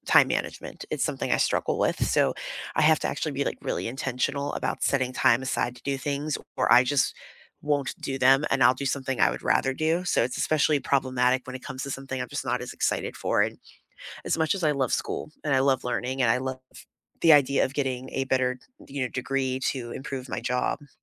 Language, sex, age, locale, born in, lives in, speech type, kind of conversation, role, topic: English, female, 35-39, en-US, United States, United States, conversation, unstructured, none, When life gets hectic, what study habits do you rely on, and why do they work?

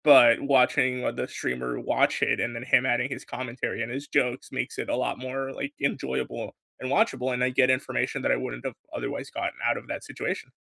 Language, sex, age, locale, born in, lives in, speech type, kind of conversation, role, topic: English, male, 20-24, en-US, United States, United States, conversation, unstructured, none, What was the last thing that genuinely made you laugh?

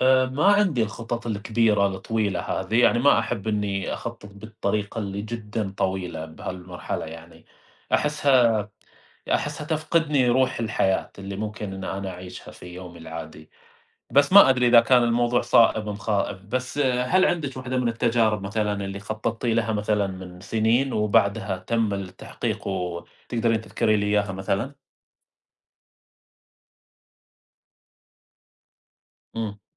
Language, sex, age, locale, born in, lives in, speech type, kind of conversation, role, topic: Arabic, male, 30-34, ar-SA, Saudi Arabia, United States, conversation, unstructured, none, إزاي التخطيط الكويس ممكن يخلي يومك أكتر إنتاجية؟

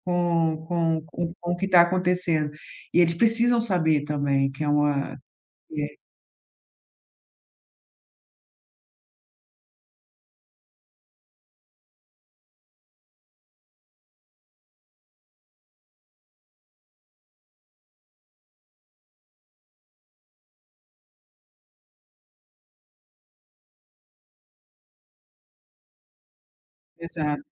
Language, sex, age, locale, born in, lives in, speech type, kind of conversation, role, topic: Portuguese, female, 40-44, pt-BR, Brazil, Portugal, conversation, advice, advisor, Como posso garantir que meu pai idoso tome os medicamentos corretamente sem brigas?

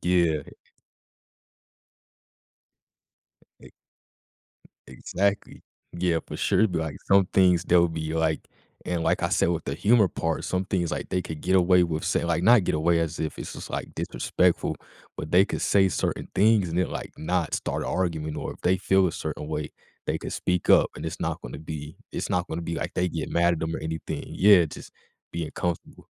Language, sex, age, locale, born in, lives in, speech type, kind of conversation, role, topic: English, male, 20-24, en-US, United States, United States, conversation, podcast, guest, How do families create strong bonds and lasting connections?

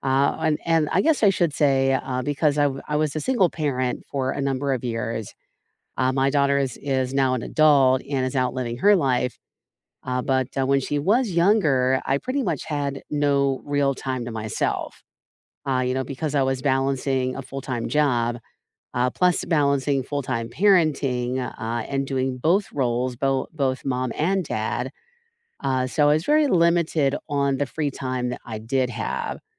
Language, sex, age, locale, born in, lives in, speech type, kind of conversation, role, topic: English, female, 45-49, en-US, United States, United States, conversation, unstructured, none, How do you balance work and free time?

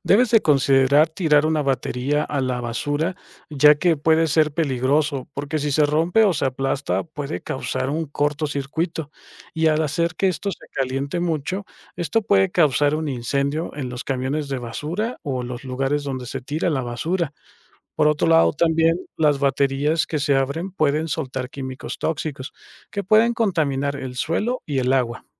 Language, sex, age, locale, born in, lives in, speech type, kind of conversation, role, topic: Spanish, male, 35-39, es-MX, Mexico, Mexico, read, expert-assistant, expert, ¿Por qué es importante reciclar los residuos electrónicos?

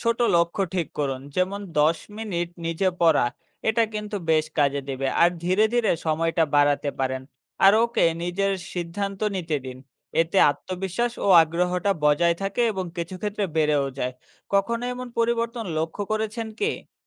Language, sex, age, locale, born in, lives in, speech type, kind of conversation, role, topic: Bengali, male, 25-29, bn-BD, Bangladesh, Bangladesh, read, expert-assistant, expert, অফিসের ব্যস্ততা আর সন্তানের স্কুলের কাজ একসাথে কীভাবে সামলানো যায়?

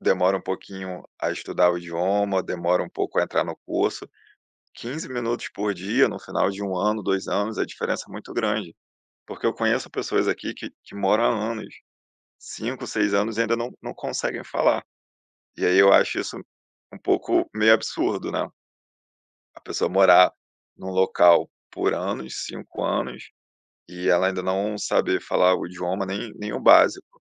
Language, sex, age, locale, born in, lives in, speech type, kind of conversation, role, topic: Portuguese, male, 30-34, pt-BR, Brazil, Germany, conversation, podcast, guest, Como você aprende melhor: sozinho ou em grupo, e por quê?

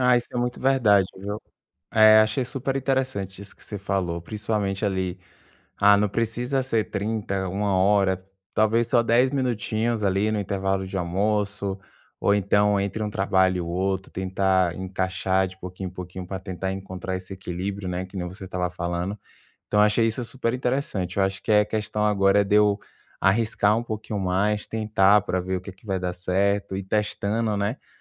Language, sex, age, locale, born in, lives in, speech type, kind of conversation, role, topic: Portuguese, male, 25-29, pt-BR, Brazil, France, conversation, advice, user, Como posso equilibrar meu tempo entre hobbies e outras responsabilidades da vida?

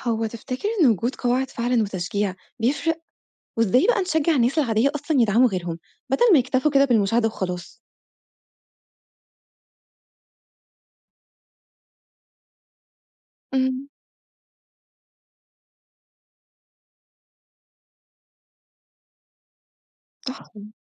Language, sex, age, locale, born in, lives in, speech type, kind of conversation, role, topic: Arabic, female, 25-29, ar-EG, Egypt, Egypt, read, expert-assistant, asker, إيه الطرق الفعّالة اللي تخلّي الناس تحب الشعر وتفهمه بسهولة في زمن السوشيال ميديا؟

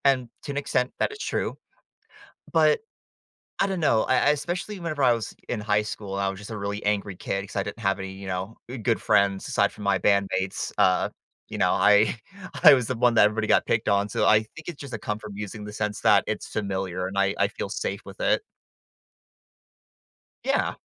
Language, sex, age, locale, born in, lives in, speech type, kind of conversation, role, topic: English, male, 20-24, en-US, United States, United States, conversation, podcast, guest, How has your taste in music shaped your experiences and connections with others?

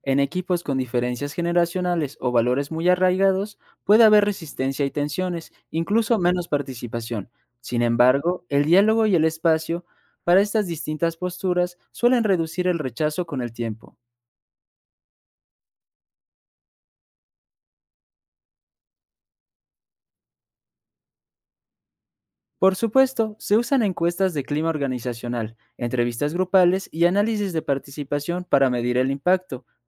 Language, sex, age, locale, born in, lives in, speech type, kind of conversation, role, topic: Spanish, male, 20-24, es-MX, Mexico, Mexico, read, expert-assistant, expert, ¿Qué pros y contras tiene el lenguaje inclusivo en la comunicación diaria?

